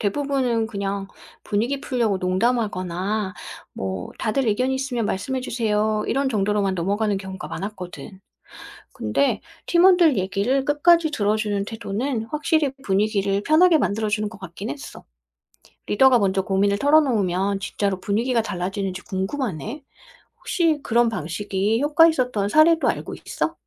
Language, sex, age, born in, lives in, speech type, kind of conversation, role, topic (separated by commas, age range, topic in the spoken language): Korean, female, 45-49, South Korea, France, read, expert-assistant, asker, 팀에서 자유롭게 의견을 나누는 분위기는 어떻게 만들 수 있나요?